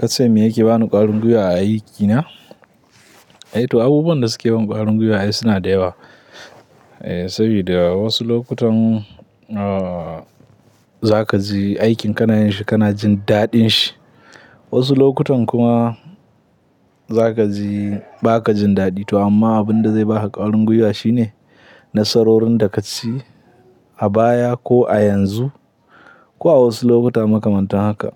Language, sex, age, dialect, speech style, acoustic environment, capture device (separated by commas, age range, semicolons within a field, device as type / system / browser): Hausa, male, 18-24, Nigeria - Katsina, anxious; tired; happy; relaxed; nervous; excited; focused; stressed, people talking; music; nature; silence; traffic; construction; appliances, mobile / Linux / Chrome